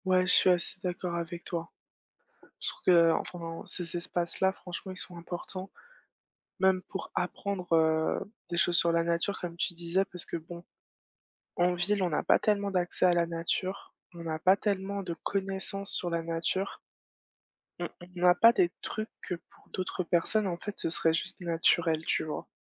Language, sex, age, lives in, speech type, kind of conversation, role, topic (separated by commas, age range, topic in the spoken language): French, female, 25-29, France, conversation, unstructured, none, Comment l’ambiance d’un parc influence-t-elle votre expérience de détente ?